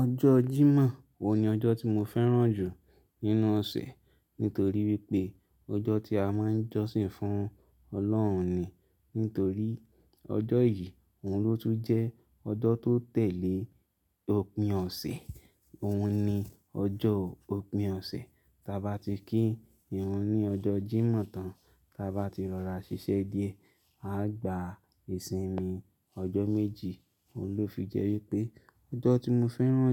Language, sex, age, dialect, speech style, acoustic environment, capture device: Yoruba, male, 25-34, Nigeria - Lagos Yoruba, happy, silence, mobile / Linux / Chrome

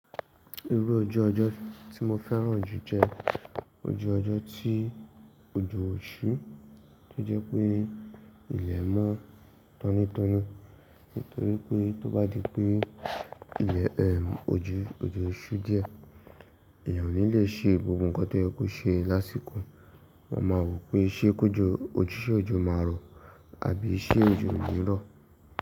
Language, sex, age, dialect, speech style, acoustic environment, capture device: Yoruba, male, 18-24, Nigeria - Ibadan, relaxed, silence; nature, mobile / Linux / Chrome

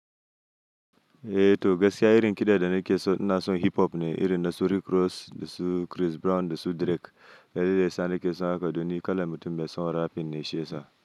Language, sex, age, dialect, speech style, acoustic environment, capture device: Hausa, male, 25-34, Nigeria - Nigerian Standard, happy, silence; nature, mobile / Linux / Chrome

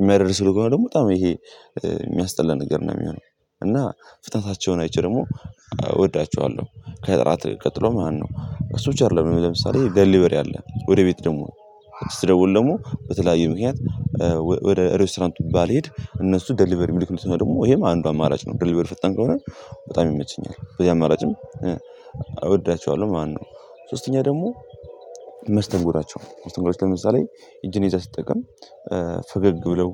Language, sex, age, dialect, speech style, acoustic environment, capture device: Amharic, male, 18-24, Ethiopia - Gondar, anxious; nervous; happy, people talking; music; nature, mobile / Linux / Chrome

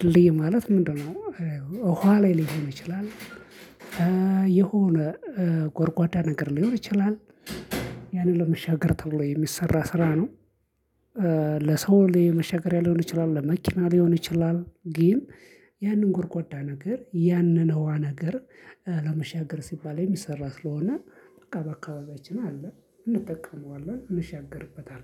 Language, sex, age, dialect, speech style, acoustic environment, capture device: Amharic, male, 25-34, Ethiopia - Addis Ababa, focused, silence, mobile / Linux / Chrome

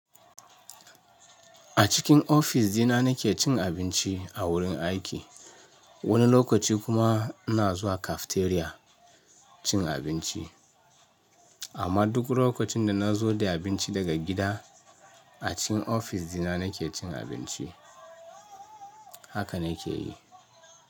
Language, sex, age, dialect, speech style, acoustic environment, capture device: Hausa, male, 35-44, Nigeria - Ibadan, focused; happy, silence, mobile / Linux / Chrome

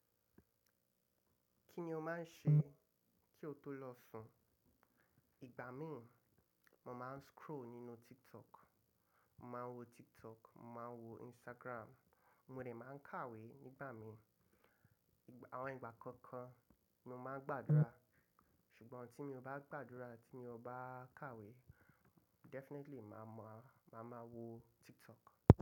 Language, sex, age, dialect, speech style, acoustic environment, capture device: Yoruba, male, 25-34, Nigeria - Lagos Yoruba, relaxed, construction, mobile / macOS / Safari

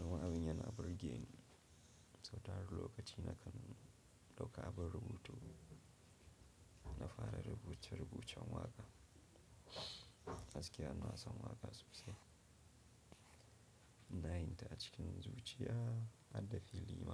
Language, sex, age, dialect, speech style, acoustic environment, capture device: Hausa, male, 25-34, Nigeria - Nigerian Standard, happy; relaxed; focused, nature; silence, mobile / Linux / Chrome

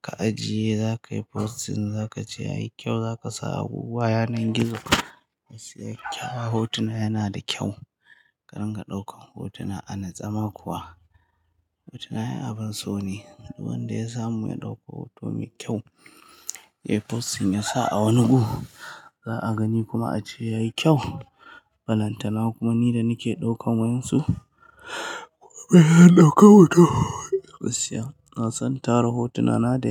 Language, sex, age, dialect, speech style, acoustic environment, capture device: Hausa, male, 25-34, Nigeria - Kano, happy; excited, people talking; appliances, mobile / Linux / Chrome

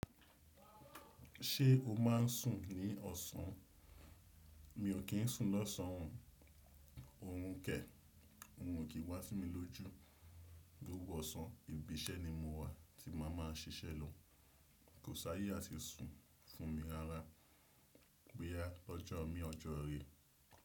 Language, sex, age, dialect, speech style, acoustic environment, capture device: Yoruba, male, 25-34, Nigeria - Nigerian Standard, relaxed, silence, desktop / Windows / Chrome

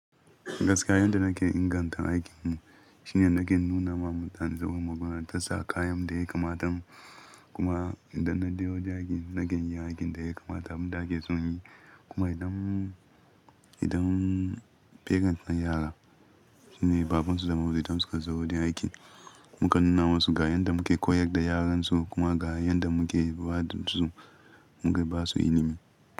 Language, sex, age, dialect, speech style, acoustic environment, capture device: Hausa, male, 25-34, Nigeria - Ibadan, happy, people talking, mobile / Linux / Chrome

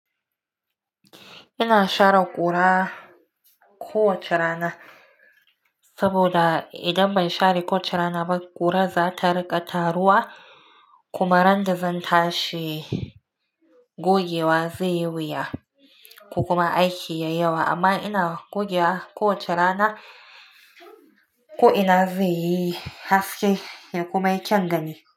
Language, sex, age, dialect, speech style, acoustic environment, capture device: Hausa, female, 25-34, Nigeria - Nigerian Standard, relaxed, nature, mobile / Linux / Chrome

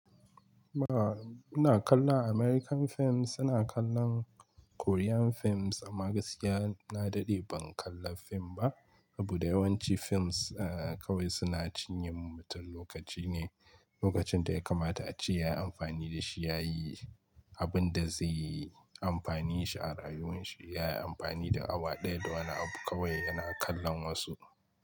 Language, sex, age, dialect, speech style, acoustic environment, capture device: Hausa, male, 25-34, Nigeria - Kano, relaxed, silence; nature, mobile / Linux / Chrome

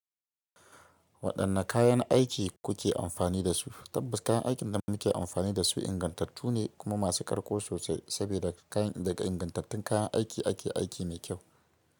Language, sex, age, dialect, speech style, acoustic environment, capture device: Hausa, female, 25-34, Nigeria - Kano, excited; happy, silence, mobile / Linux / Chrome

